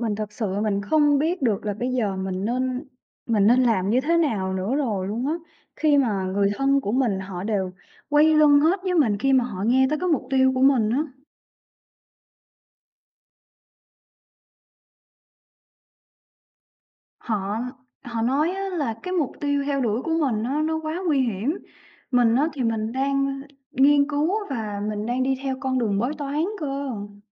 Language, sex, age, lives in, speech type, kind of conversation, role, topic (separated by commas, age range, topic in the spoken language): Vietnamese, female, 25-29, Vietnam, conversation, advice, user, Bạn nên làm gì khi không nhận được sự hỗ trợ từ người thân trong quá trình theo đuổi mục tiêu của mình?